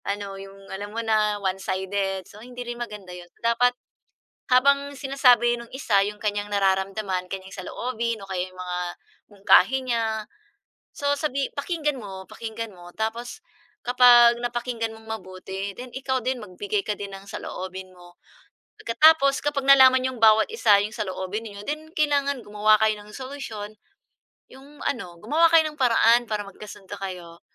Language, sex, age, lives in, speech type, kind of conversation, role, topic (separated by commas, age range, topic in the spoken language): Filipino, female, 40-44, Philippines, conversation, unstructured, none, Ano ang inyong sikreto sa mahusay na pagtutulungan sa mga proyekto sa paaralan?